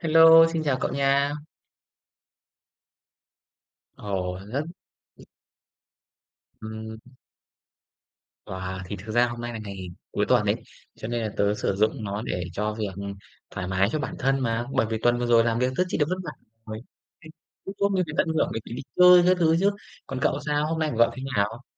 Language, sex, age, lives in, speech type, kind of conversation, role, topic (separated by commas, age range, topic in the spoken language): Vietnamese, male, 25-29, Vietnam, conversation, unstructured, none, Bạn có lo sợ ăn phải thức ăn bị nhiễm vi khuẩn gây bệnh không?